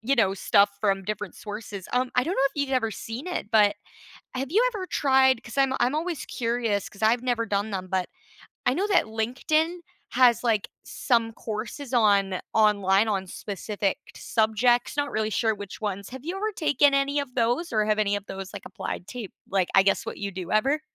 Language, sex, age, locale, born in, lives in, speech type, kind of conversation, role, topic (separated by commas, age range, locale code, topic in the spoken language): English, female, 20-24, en-CA, Canada, Canada, conversation, unstructured, none, Which apps or technologies have helped you learn better, and where do they fall short?